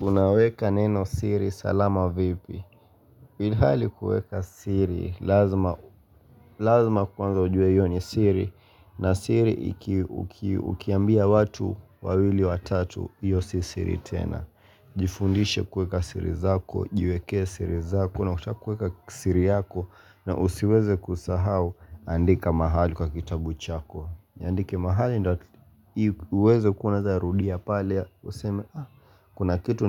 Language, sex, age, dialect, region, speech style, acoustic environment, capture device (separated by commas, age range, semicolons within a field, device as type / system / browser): Swahili, male, 18-24, Kenya - Mombasa, Kenya, relaxed, silence, mobile / Linux / Chrome